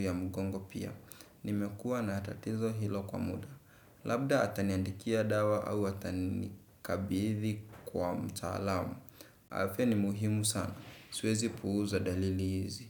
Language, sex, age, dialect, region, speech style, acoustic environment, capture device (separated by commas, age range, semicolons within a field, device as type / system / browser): Swahili, male, 18-24, Kenya - Nairobi Sheng-influenced, Kenya, relaxed; focused, silence, desktop / Windows / Chrome